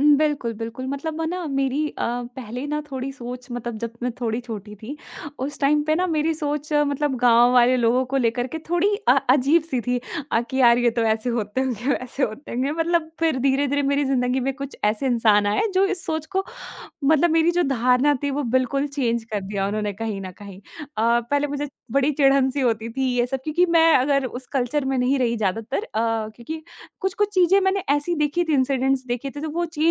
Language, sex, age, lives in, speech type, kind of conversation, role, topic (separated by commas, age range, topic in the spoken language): Hindi, female, 20-24, India, conversation, podcast, guest, आप स्वयं को बहुसांस्कृतिक कब मानते हैं?